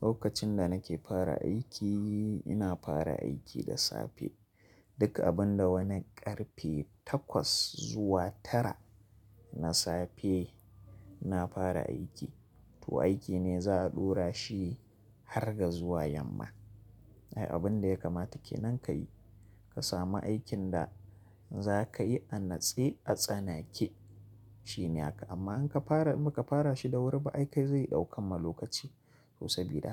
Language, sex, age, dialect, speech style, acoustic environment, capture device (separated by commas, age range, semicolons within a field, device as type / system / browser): Hausa, male, 25-34, Nigeria - Kano, relaxed; focused, nature; silence; traffic, mobile / Linux / Chrome